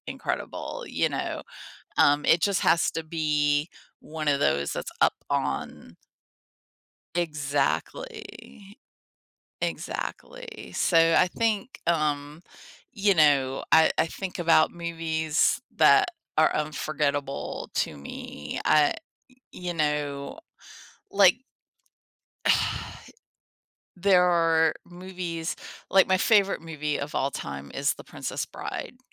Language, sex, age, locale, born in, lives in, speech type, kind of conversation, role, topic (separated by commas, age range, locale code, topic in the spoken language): English, female, 50-54, en-US, United States, United States, conversation, podcast, guest, Why do some movies leave a lasting impression on us?